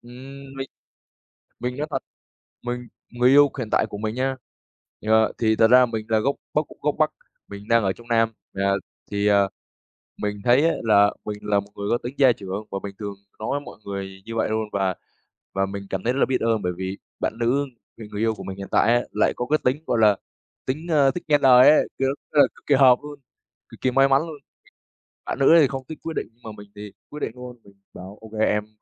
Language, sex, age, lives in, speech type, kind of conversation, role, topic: Vietnamese, male, 20-24, Vietnam, conversation, unstructured, none, Kỷ niệm nào trong cuộc sống đã thay đổi bạn nhiều nhất?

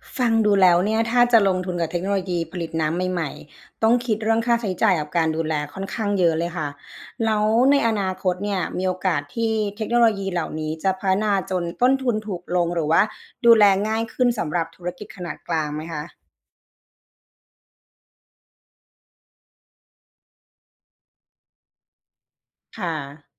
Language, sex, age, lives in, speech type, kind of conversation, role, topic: Thai, female, 35-39, Thailand, read, expert-assistant, asker, อะไรเป็นปัจจัยสำคัญที่ทำให้เกิดภาวะขาดแคลนน้ำในบางพื้นที่ของโลก ทั้งที่โลกมีน้ำอยู่มาก? (What causes water scarcity in some parts of the world, even though there is so much water overall?)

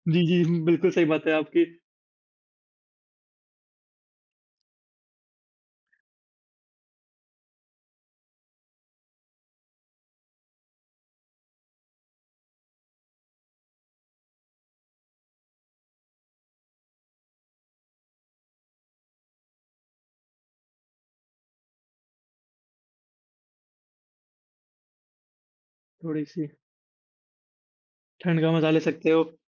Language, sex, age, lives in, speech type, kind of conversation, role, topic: Hindi, male, 18-19, India, conversation, unstructured, none, आप अपना पसंदीदा मौसम कैसे चुनते हैं?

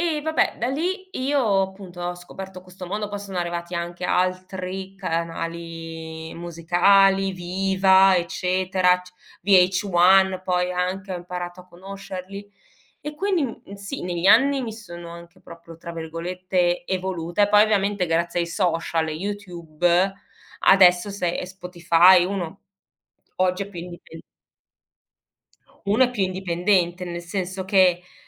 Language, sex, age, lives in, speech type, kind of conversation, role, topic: Italian, female, 40-44, Germany, conversation, podcast, guest, Come scoprivi musica nuova quando eri adolescente?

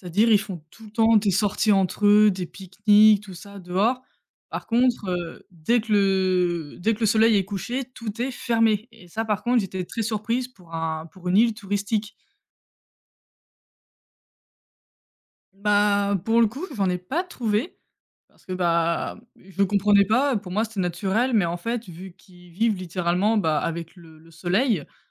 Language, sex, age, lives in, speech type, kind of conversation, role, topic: French, female, 25-29, France, conversation, unstructured, none, As-tu une anecdote surprenante à raconter sur un voyage ?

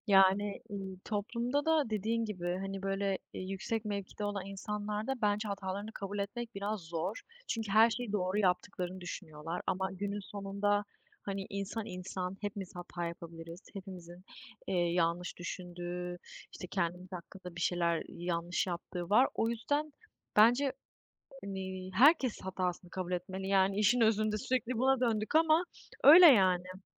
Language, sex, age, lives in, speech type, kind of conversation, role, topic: Turkish, female, 20-24, Germany, conversation, unstructured, none, Kendi hatalarımızı kabul etmek neden önemlidir?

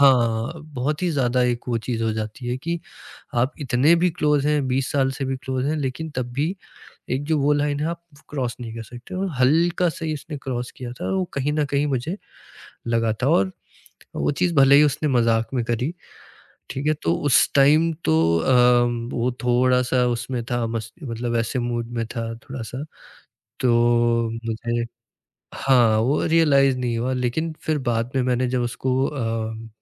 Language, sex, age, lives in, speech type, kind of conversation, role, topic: Hindi, male, 20-24, India, conversation, podcast, guest, Maafi maangne mein sirf alfaaz nahi, actions bhi kitne zaroori hote hain—tum isko kitni importance dete ho?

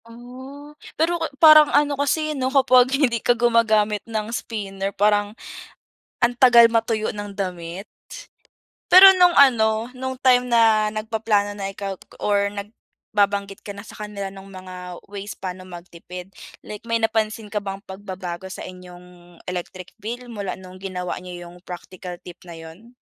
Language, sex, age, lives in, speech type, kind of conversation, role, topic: Filipino, female, 20-24, Philippines, conversation, podcast, host, Ano ang pinaka-praktikal na tip para makatipid sa kuryente?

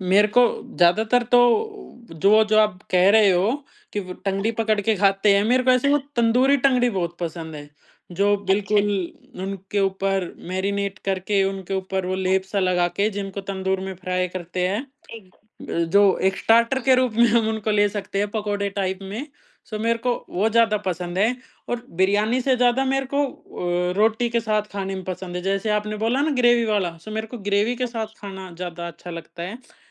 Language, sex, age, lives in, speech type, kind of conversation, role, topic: Hindi, male, 20-24, India, conversation, podcast, guest, Aisa kaunsa shehar ka local khaana hai jo aap aaj tak bhool nahi paaye, aur woh kya tha?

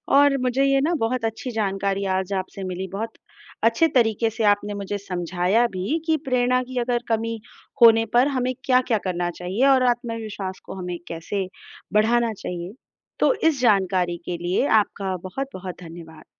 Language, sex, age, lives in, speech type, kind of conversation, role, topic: Hindi, female, 35-39, India, read, expert-assistant, asker, प्रेरणा की कमी होने पर क्या करें?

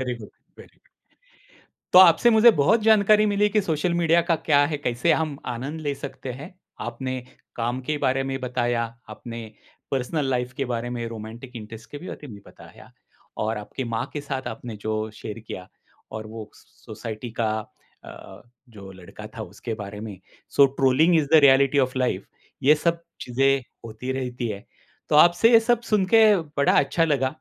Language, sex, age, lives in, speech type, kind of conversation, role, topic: Hindi, male, 50-54, India, conversation, podcast, host, Kya tumhe lagta hai ki samajik madhyam se sachchi bonding ho sakti hai?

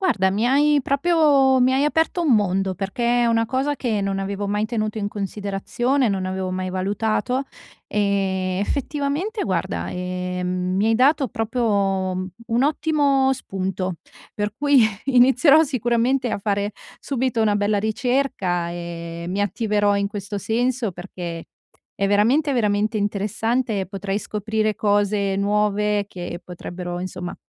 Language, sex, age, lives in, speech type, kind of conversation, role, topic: Italian, female, 35-39, Italy, conversation, advice, user, Come posso comprare capi di buona qualità senza spendere troppo?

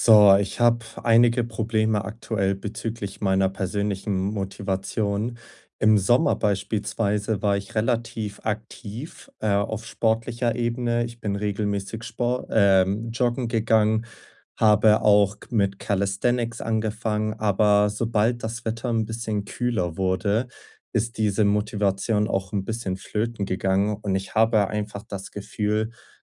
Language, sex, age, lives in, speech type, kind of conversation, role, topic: German, male, 20-24, Germany, conversation, advice, user, Wie bleibe ich motiviert, mich regelmäßig zu bewegen?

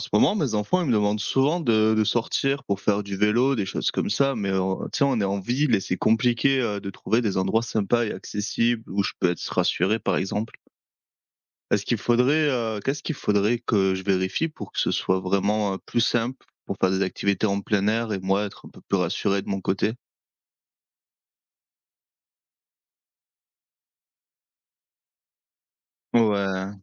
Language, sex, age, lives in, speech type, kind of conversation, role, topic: French, male, 25-29, France, read, expert-assistant, asker, Comment pourrait-on rendre les activités de plein air plus accessibles à tous, même en ville ?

